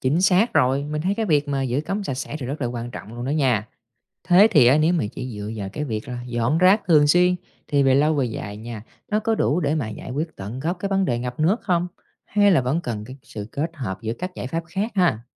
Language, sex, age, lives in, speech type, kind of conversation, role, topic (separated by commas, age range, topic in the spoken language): Vietnamese, male, 30-34, Vietnam, read, expert-assistant, asker, Vì sao khi trời mưa, đường phố dễ bị ngập nước?